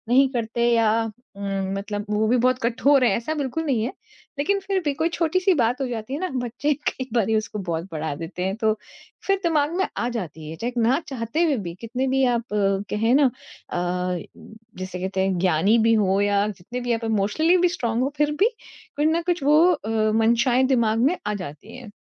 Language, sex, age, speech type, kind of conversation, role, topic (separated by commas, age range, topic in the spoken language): Hindi, female, 40-44, conversation, advice, user, दोस्तों की तुलना में मेरा खर्च बढ़ रहा है और मुझे उलझन हो रही है—मैं क्या करूँ?